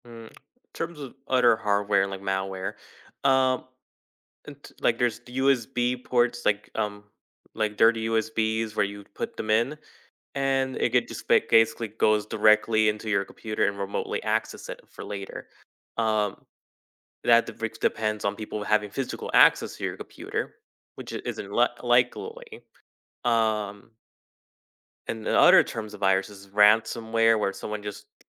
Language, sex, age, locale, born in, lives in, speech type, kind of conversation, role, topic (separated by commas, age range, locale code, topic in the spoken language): English, male, 20-24, en-US, United States, United States, conversation, advice, advisor, How can I keep my smartphone safe from malware and protect my personal data?